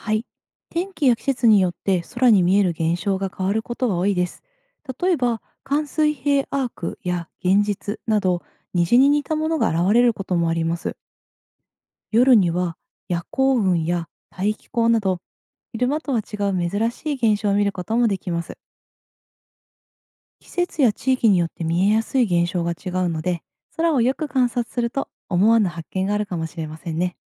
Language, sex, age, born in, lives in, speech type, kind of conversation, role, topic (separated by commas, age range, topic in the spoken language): Japanese, female, 30-34, Japan, Japan, read, expert-assistant, expert, なぜ空は青く見えるのか説明してください。